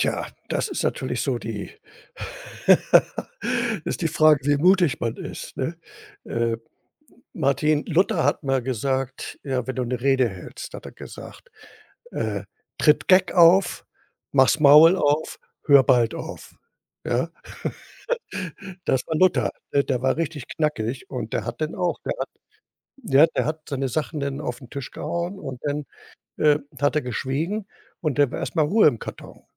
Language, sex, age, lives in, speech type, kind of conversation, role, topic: German, male, 65-69, Germany, conversation, podcast, guest, Wie wichtig ist Schweigen für gutes Zuhören?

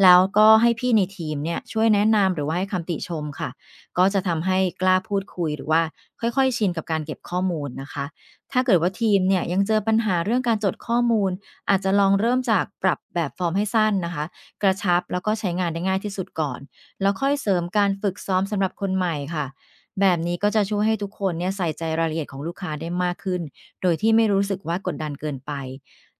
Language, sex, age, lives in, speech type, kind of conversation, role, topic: Thai, female, 45-49, Thailand, read, expert-assistant, expert, บริษัทจะค้นหาและพัฒนาจุดแข็งเพื่อให้ต่างจากคู่แข่งได้อย่างไร?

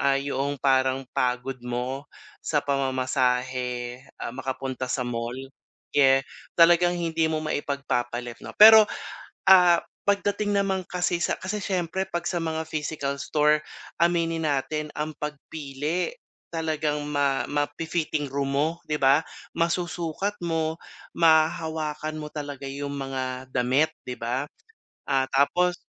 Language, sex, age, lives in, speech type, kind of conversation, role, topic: Filipino, male, 45-49, Philippines, conversation, advice, user, Paano ako pipili ng damit na babagay at komportable para sa akin?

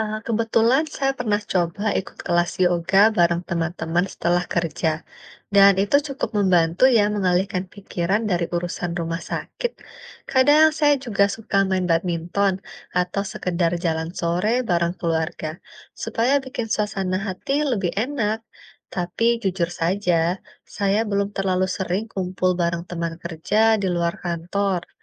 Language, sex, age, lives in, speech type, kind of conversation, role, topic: Indonesian, female, 30-34, Indonesia, read, expert-assistant, asker, Bagaimana cara komunikasi yang efektif dengan pasien dari latar belakang berbeda dan mengelola stres sebagai tenaga kesehatan?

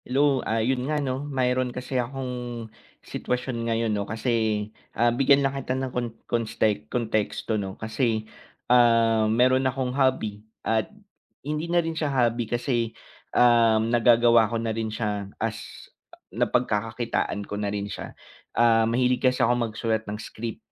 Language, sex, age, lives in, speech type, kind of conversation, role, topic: Filipino, male, 25-29, Philippines, conversation, advice, user, Paano ako makaiiwas sa pagpapaliban at makapagtatakda ng regular na oras para sa malikhaing paggawa?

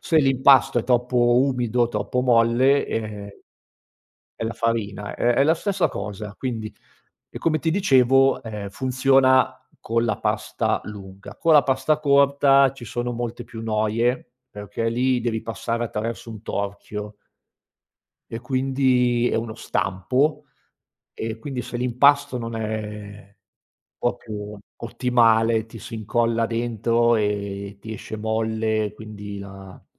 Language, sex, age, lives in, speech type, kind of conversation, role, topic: Italian, male, 50-54, Italy, conversation, podcast, guest, Qual è la storia di una ricetta che si prepara a casa tua?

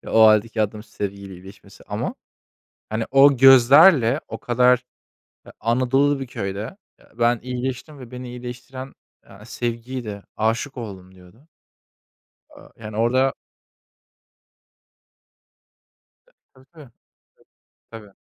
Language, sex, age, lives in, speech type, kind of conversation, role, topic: Turkish, male, 25-29, Germany, conversation, unstructured, none, Sevdiğiniz bir filmin sizi neden üzdüğünü hiç düşündünüz mü?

